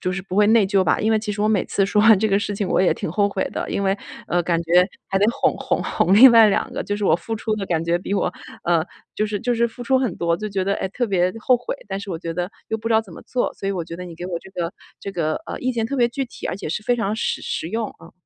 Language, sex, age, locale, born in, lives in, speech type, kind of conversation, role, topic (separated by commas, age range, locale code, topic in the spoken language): Chinese, female, 35-39, zh-CN, China, United States, conversation, advice, user, 我怎样才能减少对孩子之间的比较？